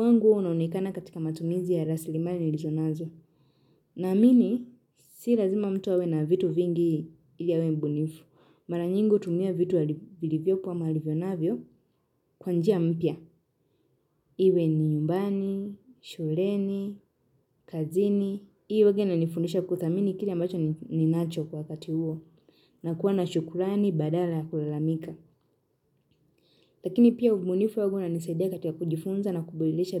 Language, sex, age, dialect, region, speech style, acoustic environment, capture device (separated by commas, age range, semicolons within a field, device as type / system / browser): Swahili, female, 18-24, Kenya - Nairobi Sheng-influenced, Kenya, happy; relaxed; excited; focused, nature; silence, mobile / Linux / Chrome